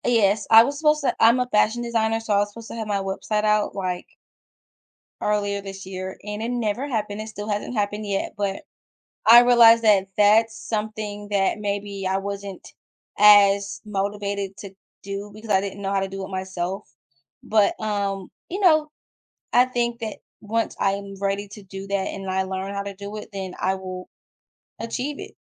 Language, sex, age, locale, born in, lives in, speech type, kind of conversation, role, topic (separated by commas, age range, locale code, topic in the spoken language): English, female, 25-29, en-US, United States, United States, conversation, podcast, guest, How do you find the right balance between setting ambitious goals and making sure they are achievable?